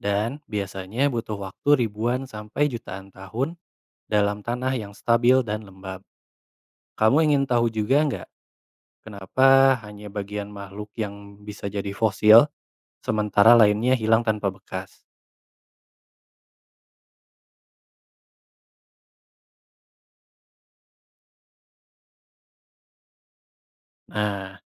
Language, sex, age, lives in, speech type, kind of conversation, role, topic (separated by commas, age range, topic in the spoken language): Indonesian, male, 25-29, Indonesia, read, expert-assistant, expert, Bagaimana proses terbentuknya fosil di dalam tanah?